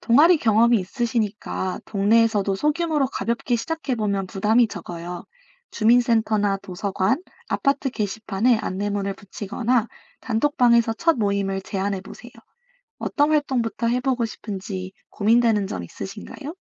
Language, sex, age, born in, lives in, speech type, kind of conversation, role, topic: Korean, female, 25-29, South Korea, United States, read, expert-assistant, expert, 기후 변화의 영향을 줄이기 위한 개인과 지역 사회 차원의 효과적인 실천 방법에는 무엇이 있을까요?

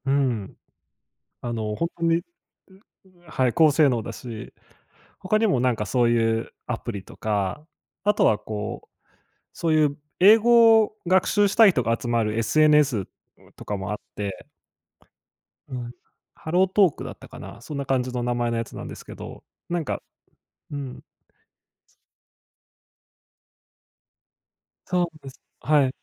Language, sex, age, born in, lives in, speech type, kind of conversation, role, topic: Japanese, male, 25-29, Japan, Japan, conversation, advice, advisor, やる気が出なくて趣味や勉強が進まないとき、どうすれば取りかかれるようになりますか？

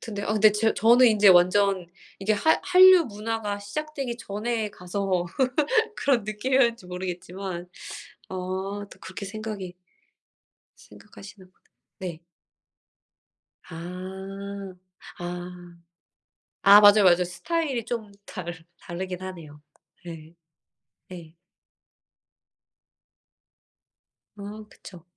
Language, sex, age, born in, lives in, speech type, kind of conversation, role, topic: Korean, female, 40-44, South Korea, United States, conversation, unstructured, none, 온라인 쇼핑과 오프라인 쇼핑 중 어떤 것을 더 선호하시나요?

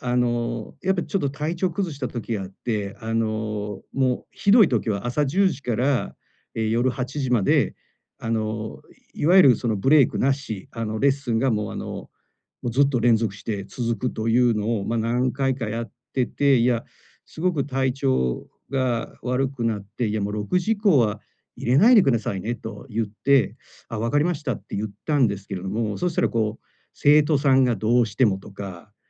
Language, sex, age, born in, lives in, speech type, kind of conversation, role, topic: Japanese, male, 45-49, Japan, Japan, conversation, advice, user, 休息を優先しながら、やんわり断るにはどうすればよいですか？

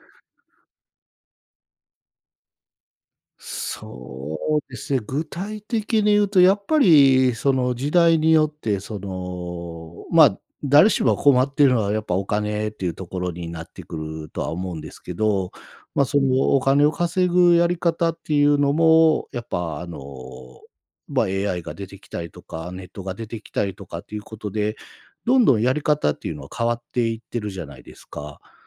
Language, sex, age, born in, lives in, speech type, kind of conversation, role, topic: Japanese, male, 45-49, Japan, Japan, conversation, podcast, guest, 今の仕事に就くまで、どのような経緯がありましたか?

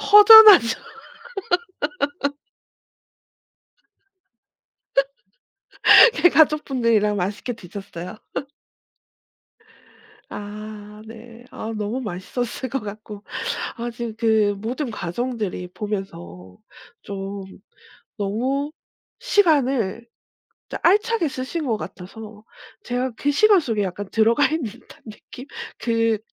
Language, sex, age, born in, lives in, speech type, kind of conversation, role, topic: Korean, female, 40-44, South Korea, South Korea, conversation, podcast, host, 언제 시간을 잘 보냈다고 느끼세요?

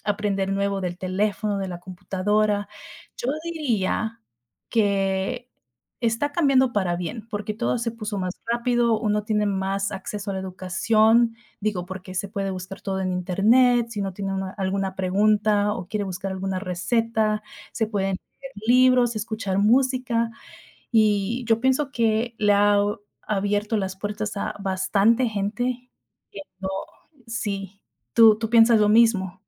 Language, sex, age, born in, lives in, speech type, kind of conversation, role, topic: Spanish, female, 30-34, United States, United States, conversation, unstructured, none, ¿Cómo crees que la tecnología cambia nuestra vida diaria?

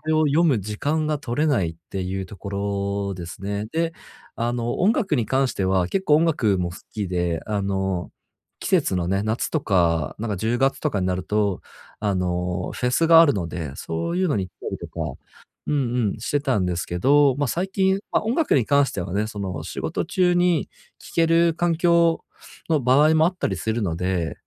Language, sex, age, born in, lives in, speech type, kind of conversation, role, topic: Japanese, male, 30-34, Japan, Japan, conversation, advice, user, どうすれば娯楽に集中する時間を作れますか？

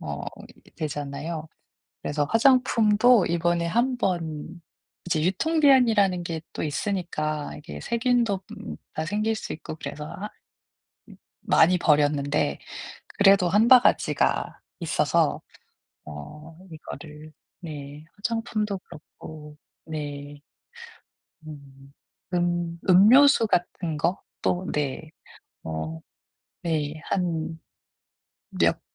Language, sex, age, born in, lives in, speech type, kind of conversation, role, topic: Korean, female, 35-39, South Korea, United States, conversation, advice, user, 충동구매를 줄이고 가치 중심으로 소비하려면 어떻게 시작하면 좋을까요?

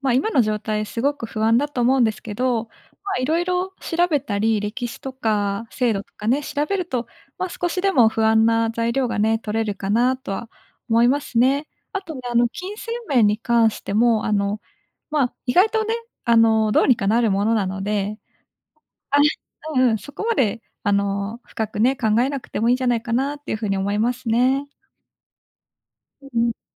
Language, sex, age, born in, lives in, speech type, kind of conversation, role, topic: Japanese, female, 25-29, Japan, Japan, conversation, advice, advisor, 結婚するか独身でいるかで悩んでいるのですが、どう考えればよいですか?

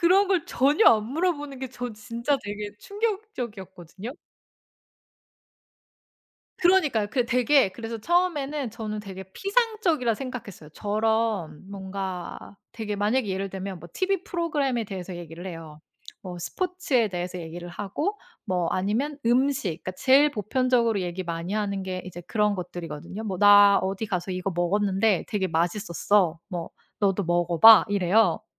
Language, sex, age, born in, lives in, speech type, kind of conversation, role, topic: Korean, female, 40-44, South Korea, United States, conversation, podcast, guest, 새 동네로 이사 왔을 때 사람들을 어떻게 자연스럽게 만날 수 있을까요?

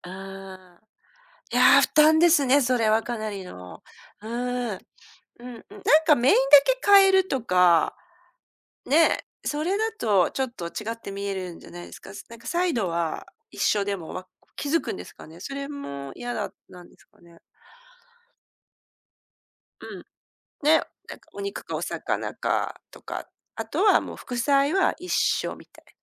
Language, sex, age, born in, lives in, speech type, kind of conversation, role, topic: Japanese, female, 50-54, Japan, Japan, conversation, advice, advisor, 家族の食事の支度や片付けの負担が重くて困っているのですが、どうすれば軽くできますか？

